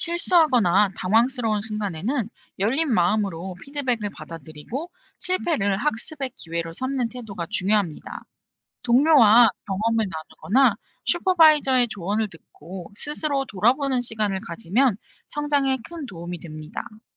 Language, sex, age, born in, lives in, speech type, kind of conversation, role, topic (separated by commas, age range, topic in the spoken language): Korean, female, 25-29, South Korea, South Korea, read, expert-assistant, expert, 좋은 임상 의사가 되기 위해 어떤 경험을 쌓는 것이 중요할까요?